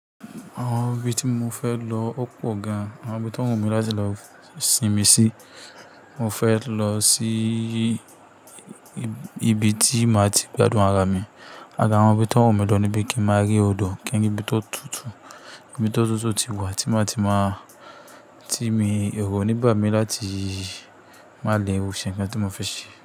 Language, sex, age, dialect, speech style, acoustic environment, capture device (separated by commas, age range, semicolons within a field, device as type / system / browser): Yoruba, male, 25-34, Nigeria - Kano, nervous, appliances, mobile / Linux / Chrome